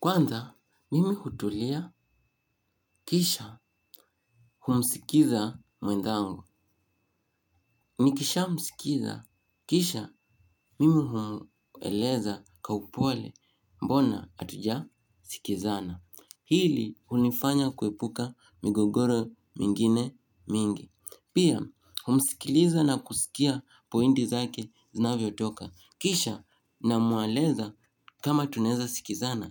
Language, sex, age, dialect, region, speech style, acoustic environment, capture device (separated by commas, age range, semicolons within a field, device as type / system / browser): Swahili, male, 18-24, Kenya - Nairobi Sheng-influenced, Kenya, happy; excited, silence, mobile / Linux / Chrome